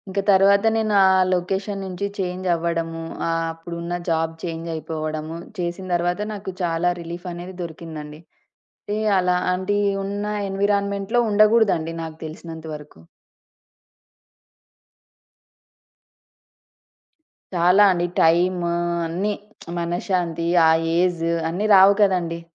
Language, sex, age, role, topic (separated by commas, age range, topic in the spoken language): Telugu, female, 30-34, guest, పశ్చాత్తాపాన్ని సానుకూలంగా మార్చుకోవడానికి మీరు ఏ సలహా ఇస్తారు?